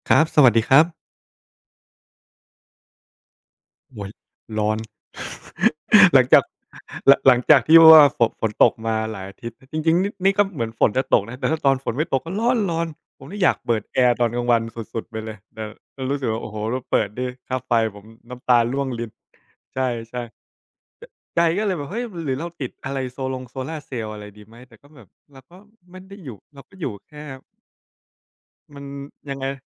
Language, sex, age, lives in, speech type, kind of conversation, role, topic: Thai, male, 25-29, Thailand, conversation, unstructured, none, การใช้พลังงานสะอาดจะเปลี่ยนแปลงสังคมไทยอย่างไร?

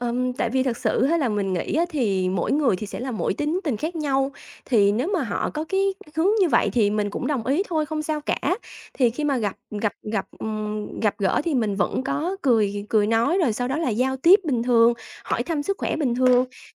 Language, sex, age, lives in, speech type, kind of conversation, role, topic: Vietnamese, female, 30-34, Vietnam, conversation, podcast, guest, Bạn thường làm gì để kết nối với hàng xóm xung quanh mình?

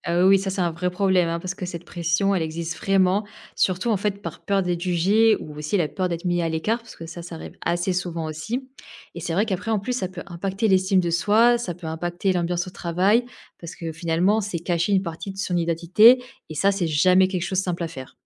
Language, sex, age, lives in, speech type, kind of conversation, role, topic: French, female, 25-29, France, read, expert-assistant, expert, Quels sont, selon toi, les avantages et les inconvénients de faire partie d’une grande religion aujourd’hui ?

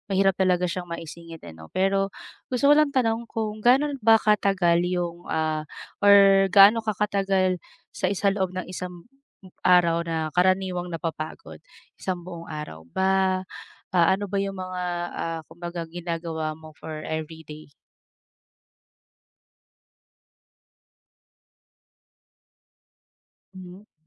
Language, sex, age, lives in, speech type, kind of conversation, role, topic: Filipino, female, 35-39, Philippines, conversation, advice, advisor, Bakit nahihirapan akong mag-enjoy sa libangan kapag pagod ako?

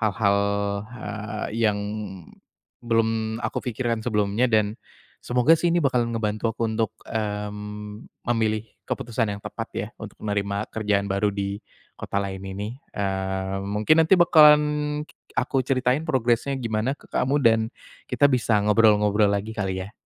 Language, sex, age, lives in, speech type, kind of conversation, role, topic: Indonesian, male, 25-29, Indonesia, conversation, advice, user, Bagaimana saya sebaiknya memutuskan apakah akan menerima pekerjaan baru di kota lain?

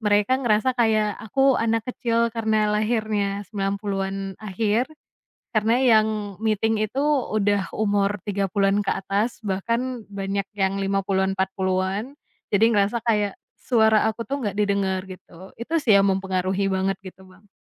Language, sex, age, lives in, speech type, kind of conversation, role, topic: Indonesian, female, 25-29, Indonesia, conversation, podcast, guest, Bagaimana cara kamu menjaga suara atau gaya pribadi di tengah tren?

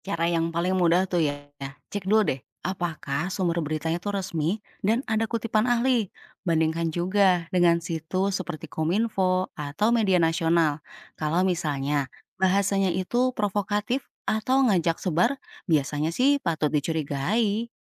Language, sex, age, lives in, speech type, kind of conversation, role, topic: Indonesian, female, 35-39, Indonesia, read, expert-assistant, expert, Bagaimana Cara Menyikapi dan Mengecek Berita Hoaks di Grup Keluarga?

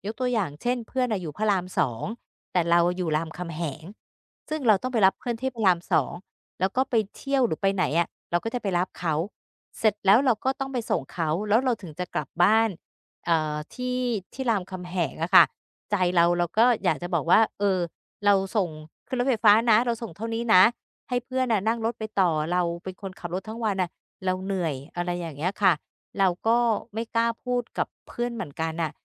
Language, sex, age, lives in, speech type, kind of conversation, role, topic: Thai, female, 50-54, Thailand, conversation, advice, user, คุณควรเริ่มสื่อสารขอบเขตกับเพื่อนให้ชัดเจนอย่างไรโดยไม่ทำให้เขาโกรธหรือเสียใจ?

